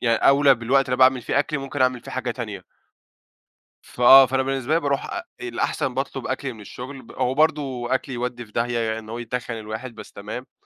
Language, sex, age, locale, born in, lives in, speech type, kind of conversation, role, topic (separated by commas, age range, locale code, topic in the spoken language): Arabic, male, 20-24, ar-EG, Egypt, Egypt, conversation, podcast, guest, إيه أسرع وجبة بتعملها وإنت مستعجل؟